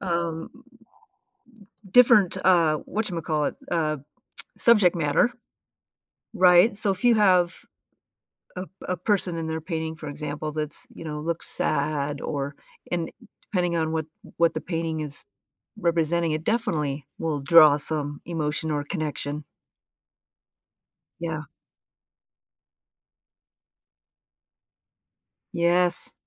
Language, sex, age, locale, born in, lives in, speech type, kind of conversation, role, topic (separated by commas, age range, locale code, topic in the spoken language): English, female, 55-59, en-US, United States, United States, conversation, unstructured, none, In what ways can art help people connect with emotions and experiences?